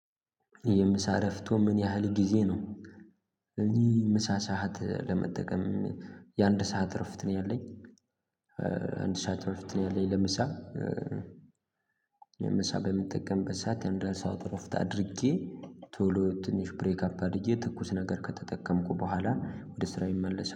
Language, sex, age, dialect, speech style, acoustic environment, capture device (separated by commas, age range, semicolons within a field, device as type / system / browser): Amharic, male, 18-24, Ethiopia - Gojjam, focused, silence, mobile / Linux / Chrome